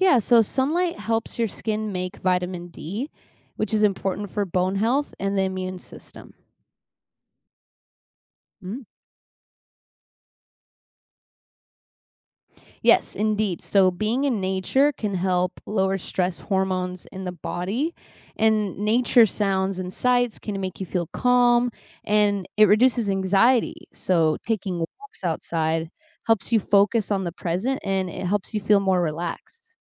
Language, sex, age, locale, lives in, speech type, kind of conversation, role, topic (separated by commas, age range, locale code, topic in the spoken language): English, female, 25-29, en-US, United States, read, expert-assistant, expert, What are the health benefits of spending time outdoors?